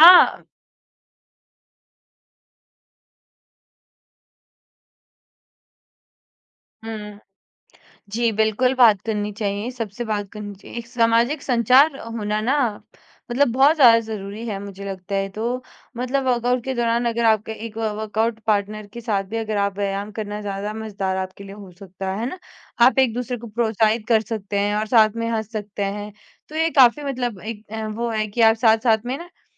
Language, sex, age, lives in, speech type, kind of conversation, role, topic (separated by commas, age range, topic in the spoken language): Hindi, female, 20-24, India, conversation, podcast, guest, वर्कआउट को मज़ेदार बनाने के लिए आप कौन-कौन से रचनात्मक तरीके अपनाते हैं?